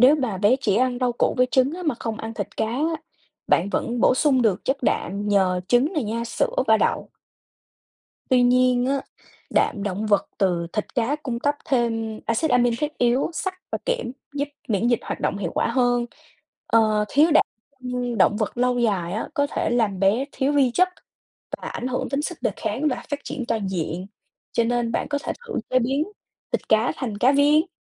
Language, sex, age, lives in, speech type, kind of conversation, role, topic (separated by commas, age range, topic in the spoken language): Vietnamese, female, 20-24, United States, read, expert-assistant, expert, Có thật là uống kháng sinh không đủ liều sẽ dẫn đến tình trạng kháng thuốc nguy hiểm không? Tại sao điều này lại xảy ra và thực tế ở Việt Nam như thế nào?